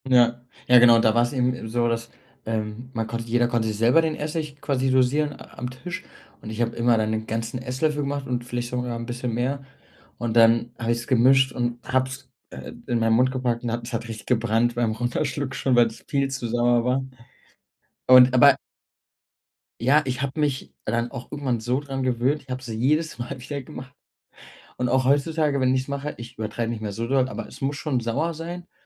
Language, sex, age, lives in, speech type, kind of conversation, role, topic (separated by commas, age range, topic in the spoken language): German, male, 25-29, Germany, conversation, podcast, guest, Welche Rolle spielen alte Familienrezepte als Trostquelle?